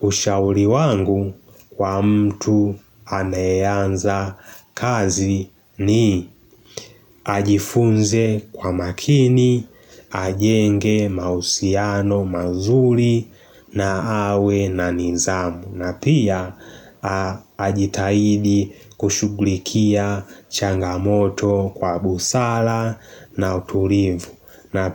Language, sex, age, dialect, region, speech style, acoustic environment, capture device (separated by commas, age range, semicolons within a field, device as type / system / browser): Swahili, male, 18-24, Tanzania - Dar es Salaam, Tanzania, relaxed; focused; happy, silence; nature, mobile / Linux / Chrome